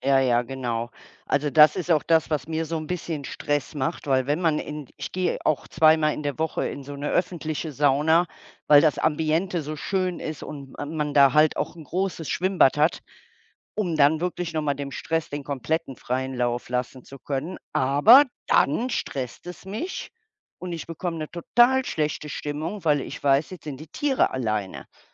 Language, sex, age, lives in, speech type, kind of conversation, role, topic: German, female, 60-64, Germany, conversation, unstructured, none, Wie gehst du mit Stress im Alltag um?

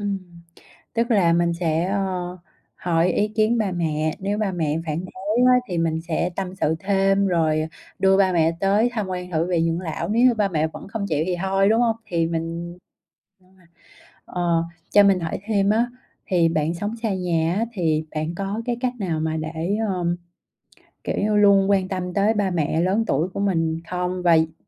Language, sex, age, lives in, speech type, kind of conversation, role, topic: Vietnamese, female, 30-34, Vietnam, conversation, podcast, host, Khi cần chăm sóc bố mẹ già, bạn sẽ quyết định như thế nào?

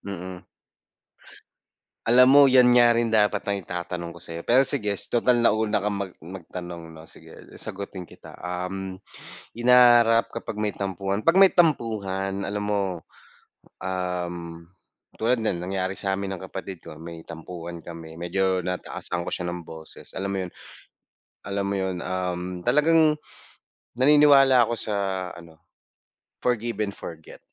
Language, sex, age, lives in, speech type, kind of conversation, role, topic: Filipino, male, 25-29, Philippines, conversation, unstructured, none, Ano ang ginagawa mo para mapasaya ang mga kasama mo sa bahay?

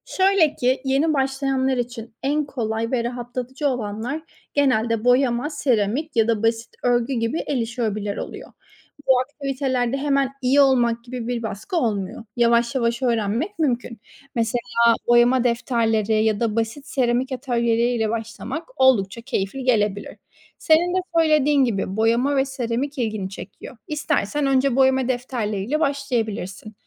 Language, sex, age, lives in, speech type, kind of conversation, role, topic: Turkish, female, 25-29, Spain, read, expert-assistant, expert, Yaratıcı hobiler insanı neden daha mutlu ve huzurlu yapar mı?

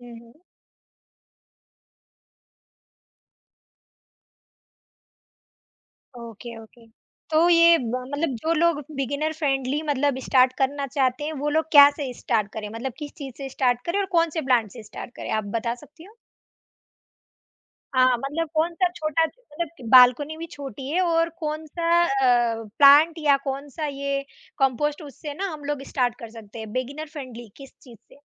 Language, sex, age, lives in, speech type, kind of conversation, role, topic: Hindi, female, 20-24, India, conversation, podcast, host, Batao, compost banana shuru karne ka sabse aasaan tareeka kya hai?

